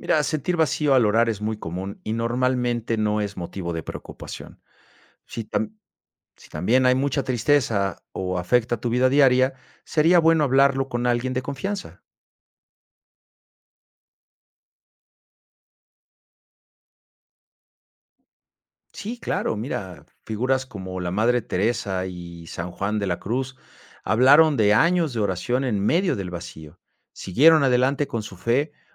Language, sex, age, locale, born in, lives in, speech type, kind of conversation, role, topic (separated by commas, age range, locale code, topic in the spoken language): Spanish, male, 50-54, es-MX, Mexico, Mexico, read, expert-assistant, expert, ¿Por qué a veces sentimos que nuestras oraciones no funcionan? ¿Qué dice la teología sobre esto?